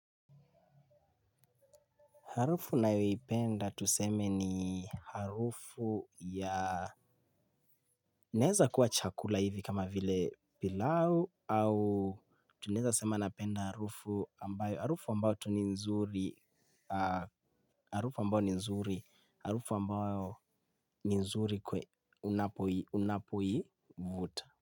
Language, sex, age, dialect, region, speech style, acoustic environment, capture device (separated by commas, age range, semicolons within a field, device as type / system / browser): Swahili, male, 18-24, Kenya - Nairobi Sheng-influenced, Kenya, relaxed, silence, mobile / Linux / Chrome